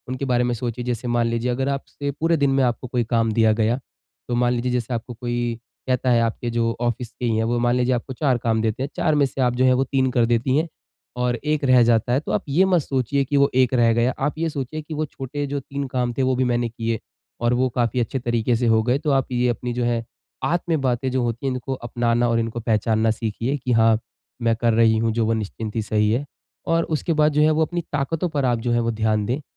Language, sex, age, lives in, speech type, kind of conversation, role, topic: Hindi, male, 20-24, India, conversation, advice, advisor, मैं अपनी कमियों को कैसे स्वीकार करूं और अपना आत्मसम्मान कैसे बढ़ा सकूं?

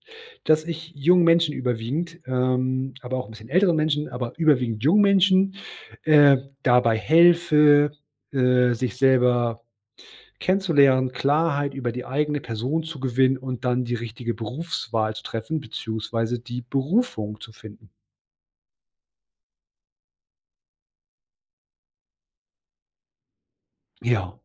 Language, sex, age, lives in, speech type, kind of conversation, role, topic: German, male, 40-44, Germany, conversation, podcast, guest, Wie findest du heraus, was dir wirklich wichtig ist?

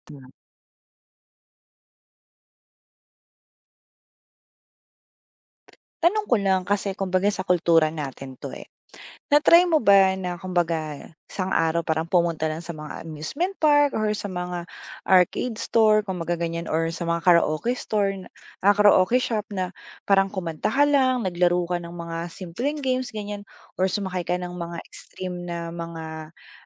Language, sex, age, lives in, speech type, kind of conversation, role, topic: Filipino, female, 25-29, Philippines, conversation, podcast, host, Anong simpleng gawain ang nagpapalakas sa iyo kapag nai-stress ka?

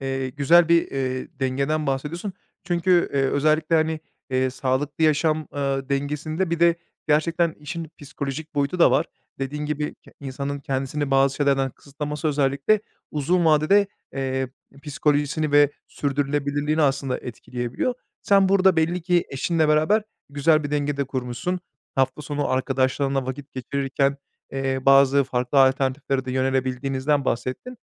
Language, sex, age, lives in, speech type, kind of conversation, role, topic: Turkish, male, 30-34, Bulgaria, conversation, podcast, host, Genelde yemek yapmayı nasıl organize ediyorsun?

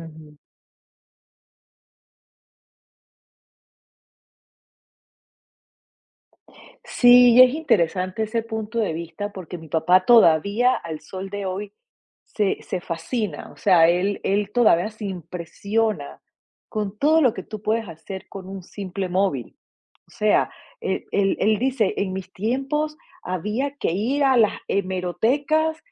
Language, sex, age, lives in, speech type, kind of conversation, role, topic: Spanish, female, 45-49, United States, conversation, podcast, guest, ¿Cómo influye la comunicación en las relaciones familiares?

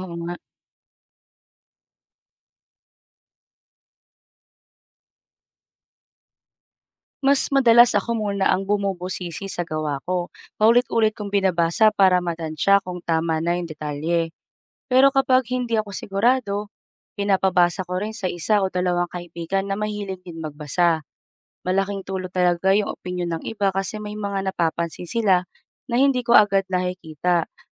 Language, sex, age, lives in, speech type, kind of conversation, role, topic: Filipino, female, 35-39, Philippines, read, expert-assistant, asker, Paano mo babalansehin ang pagpapakita at pagkukuwento sa iyong kwento?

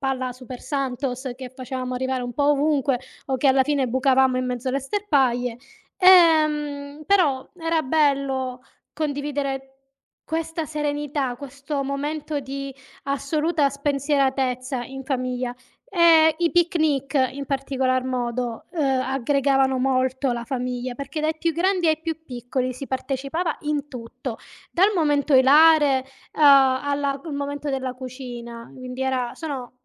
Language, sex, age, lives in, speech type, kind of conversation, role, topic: Italian, female, 35-39, Italy, conversation, unstructured, none, In che modo la cucina tradizionale può contribuire al benessere mentale?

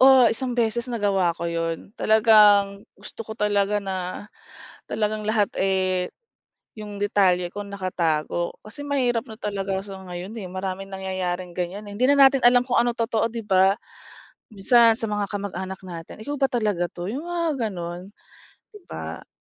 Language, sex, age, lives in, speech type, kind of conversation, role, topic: Filipino, female, 40-44, Philippines, conversation, podcast, guest, Paano mo pinoprotektahan ang iyong pagkapribado sa social media?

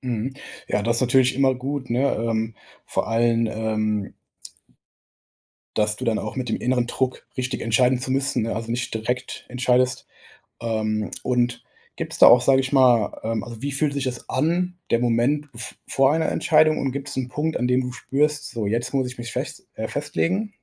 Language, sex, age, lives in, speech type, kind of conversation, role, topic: German, male, 25-29, Germany, conversation, podcast, host, Wie triffst du schwierige Entscheidungen?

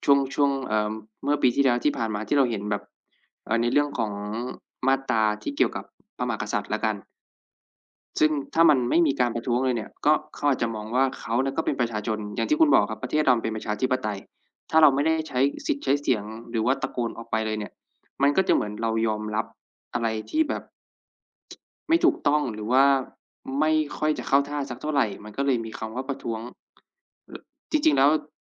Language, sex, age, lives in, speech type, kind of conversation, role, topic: Thai, male, 25-29, Thailand, conversation, unstructured, none, การประท้วงของประชาชนช่วยเปลี่ยนแปลงประเทศได้จริงหรือไม่?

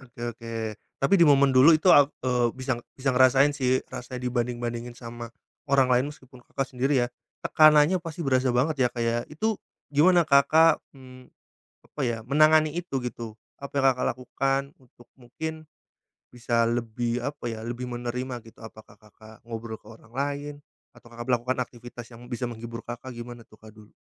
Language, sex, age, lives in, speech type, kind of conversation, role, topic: Indonesian, male, 30-34, Indonesia, conversation, podcast, host, Bagaimana kamu mengatasi kebiasaan membandingkan diri dengan orang lain?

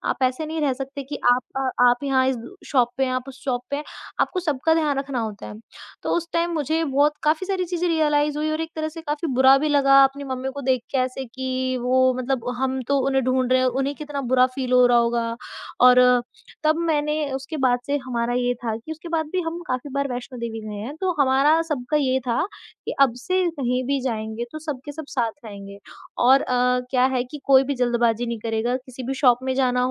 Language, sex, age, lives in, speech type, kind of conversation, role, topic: Hindi, female, 20-24, India, conversation, podcast, guest, Kya aap kabhi kisi tyohar ya bheed mein kho gaye the, aur aap wahan se kaise nikle?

